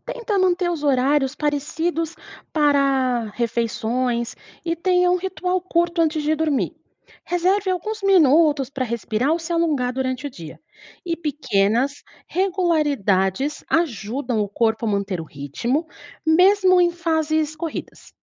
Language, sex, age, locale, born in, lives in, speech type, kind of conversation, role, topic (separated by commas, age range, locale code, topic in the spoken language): Portuguese, female, 30-34, pt-BR, Brazil, Portugal, read, expert-assistant, expert, Tem dicas para criar uma rotina que respeite o ritmo natural do corpo?